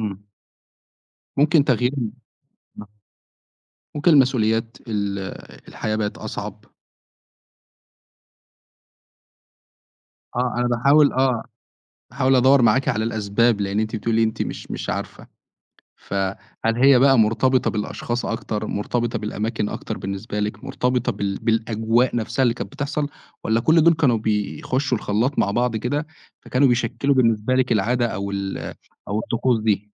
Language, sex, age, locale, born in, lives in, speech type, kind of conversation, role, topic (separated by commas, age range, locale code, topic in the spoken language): Arabic, male, 25-29, ar-EG, Egypt, Egypt, conversation, podcast, host, احكيلي عن تقليد في عيلتكم بتحبه وإيه اللي بيخليه مميز عندك؟